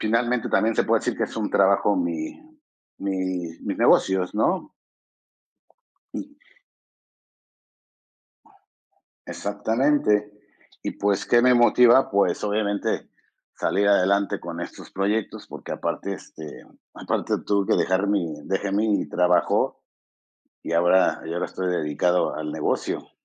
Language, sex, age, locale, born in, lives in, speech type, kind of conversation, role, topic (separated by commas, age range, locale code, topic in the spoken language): Spanish, male, 55-59, es-MX, Mexico, Mexico, conversation, unstructured, none, ¿Cómo te sientes cuando alcanzas un objetivo en el trabajo?